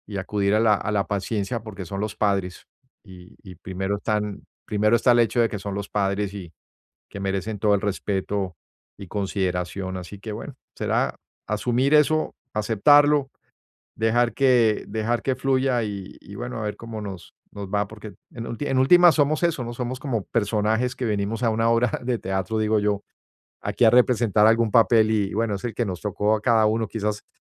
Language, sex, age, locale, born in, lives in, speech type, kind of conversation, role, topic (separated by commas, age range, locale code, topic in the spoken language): Spanish, male, 55-59, es-US, Colombia, United States, conversation, advice, user, ¿Cómo puedo manejar las críticas y los desacuerdos en reuniones familiares?